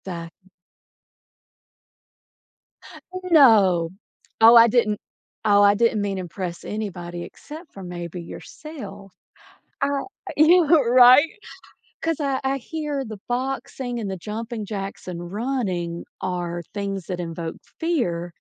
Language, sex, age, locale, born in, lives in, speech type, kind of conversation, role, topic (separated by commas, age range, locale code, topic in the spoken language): English, female, 40-44, en-US, United States, United States, conversation, advice, advisor, How can I decide whether a major life change would help me find more meaning and happiness?